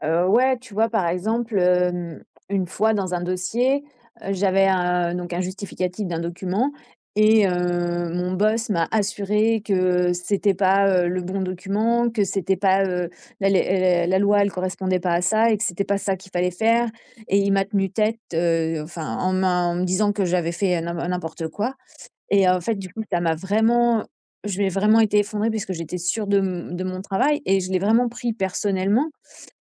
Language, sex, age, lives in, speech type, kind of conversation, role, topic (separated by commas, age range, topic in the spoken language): French, female, 45-49, France, conversation, advice, user, Comment faire face aux critiques au travail sans me sentir attaqué personnellement ?